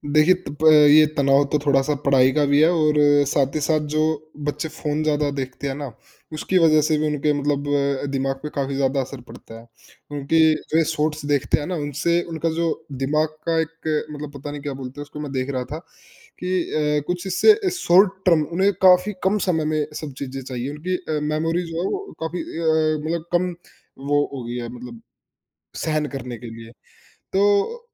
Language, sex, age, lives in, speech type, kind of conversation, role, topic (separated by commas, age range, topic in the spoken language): Hindi, male, 20-24, India, conversation, unstructured, none, क्या पढ़ाई का दबाव बच्चों को परेशान करता है?